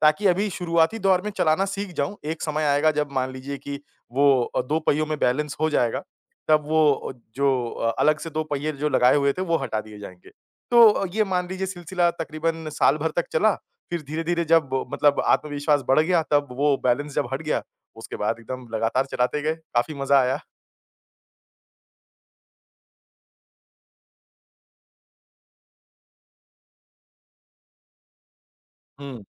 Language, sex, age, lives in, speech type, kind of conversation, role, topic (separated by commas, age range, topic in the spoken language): Hindi, male, 30-34, India, conversation, podcast, guest, तुमने पहली बार साइकिल चलाना कब सीखा था?